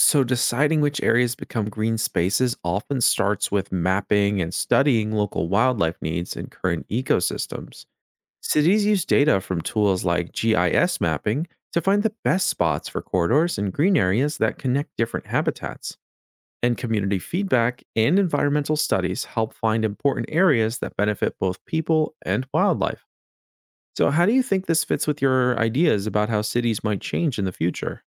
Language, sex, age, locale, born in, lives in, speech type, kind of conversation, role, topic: English, male, 25-29, en-US, United States, United States, read, expert-assistant, expert, What are the impacts of urbanization on wildlife?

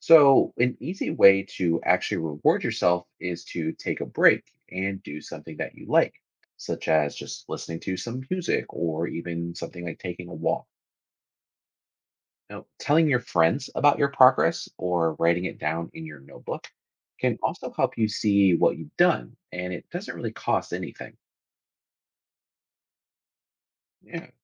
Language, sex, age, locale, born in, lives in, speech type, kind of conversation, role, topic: English, male, 30-34, en-US, United States, United States, read, expert-assistant, expert, Why is celebrating small achievements important?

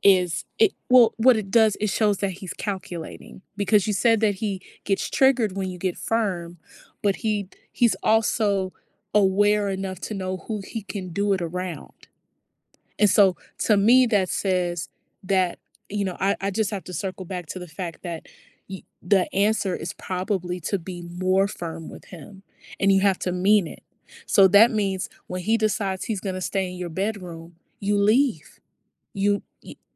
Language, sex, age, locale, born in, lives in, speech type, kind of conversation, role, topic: English, female, 30-34, en-US, United States, United States, conversation, advice, advisor, How can I stay calm and defuse tension at a family gathering?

